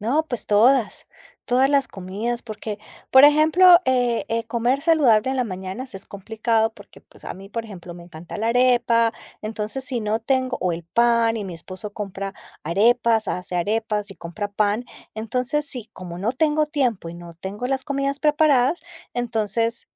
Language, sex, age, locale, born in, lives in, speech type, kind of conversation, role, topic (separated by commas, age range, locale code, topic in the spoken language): Spanish, female, 50-54, es-CO, Colombia, United States, conversation, advice, user, ¿Cómo puedo planificar comidas saludables si no tengo tiempo?